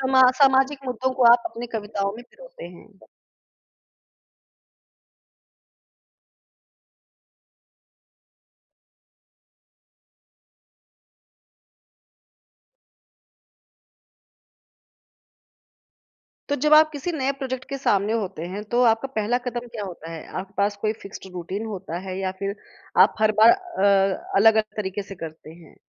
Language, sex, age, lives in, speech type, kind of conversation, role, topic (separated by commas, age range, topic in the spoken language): Hindi, female, 30-34, India, conversation, podcast, host, आप अपना रचनात्मक काम शुरू कैसे करते हैं?